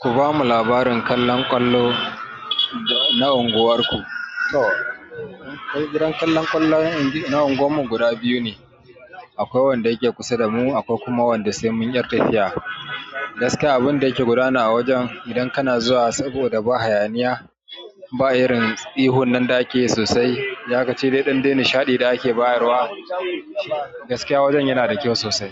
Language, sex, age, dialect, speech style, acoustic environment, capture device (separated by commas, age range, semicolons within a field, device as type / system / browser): Hausa, male, 35-44, Nigeria - Kano, excited; happy, nature, mobile / Android / Chrome